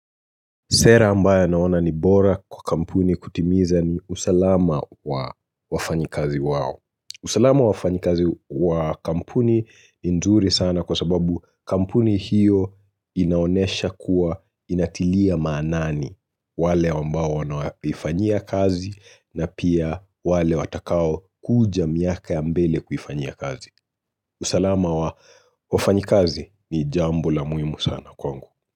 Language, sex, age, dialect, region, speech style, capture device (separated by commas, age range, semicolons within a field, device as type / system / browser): Swahili, male, 25-34, Kenya - Nairobi Sheng-influenced, Kenya, nervous, mobile / Linux / Chrome